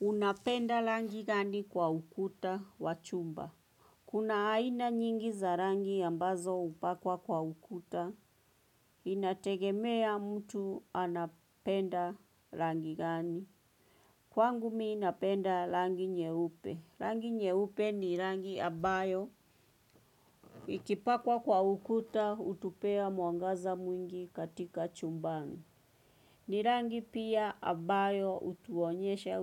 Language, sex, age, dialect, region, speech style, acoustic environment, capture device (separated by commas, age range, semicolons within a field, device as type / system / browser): Swahili, female, 25-34, Kenya - Nairobi Sheng-influenced, Kenya, focused; relaxed, music; traffic, desktop / Windows / Chrome